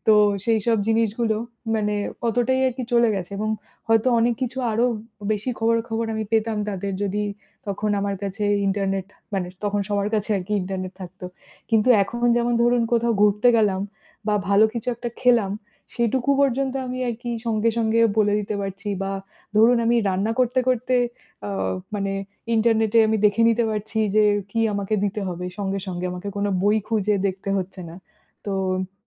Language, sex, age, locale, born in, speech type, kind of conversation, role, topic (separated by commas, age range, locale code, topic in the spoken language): Bengali, female, 30-34, bn-IN, India, conversation, unstructured, none, ইন্টারনেট কীভাবে আমাদের যোগাযোগের ধরন বদলে দিয়েছে?